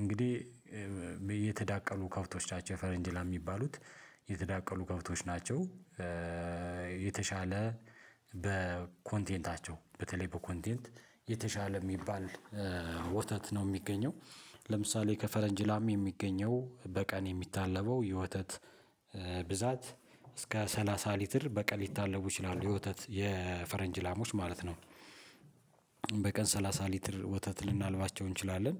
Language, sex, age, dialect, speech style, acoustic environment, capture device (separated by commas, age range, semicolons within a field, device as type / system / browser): Amharic, male, 35-44, Ethiopia - Addis Ababa, relaxed, silence, mobile / Linux / Chrome